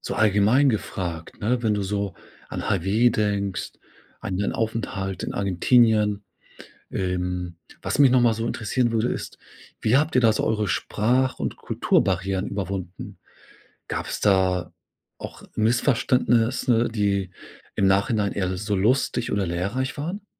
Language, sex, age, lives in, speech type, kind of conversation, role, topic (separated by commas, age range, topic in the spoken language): German, male, 40-44, Germany, conversation, podcast, host, Wie hast du auf Reisen ganz unerwartet jemanden kennengelernt, mit dem sich eine Freundschaft entwickelt hat?